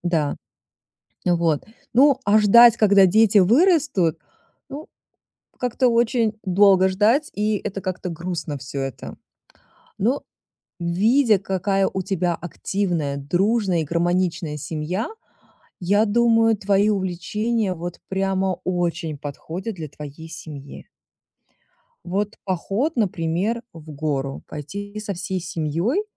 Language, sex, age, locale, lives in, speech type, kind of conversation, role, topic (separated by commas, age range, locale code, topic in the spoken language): Russian, female, 40-44, ru-RU, United States, conversation, advice, advisor, Как мне найти баланс между своими потребностями и помощью другим?